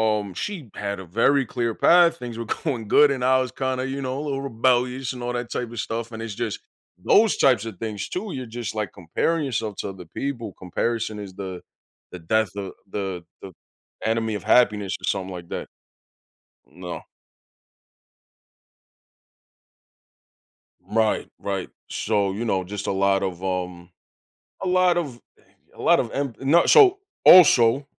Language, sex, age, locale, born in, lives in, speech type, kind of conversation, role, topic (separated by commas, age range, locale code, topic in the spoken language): English, male, 30-34, en-US, United States, United States, conversation, podcast, guest, How have challenges in your life shaped who you are today?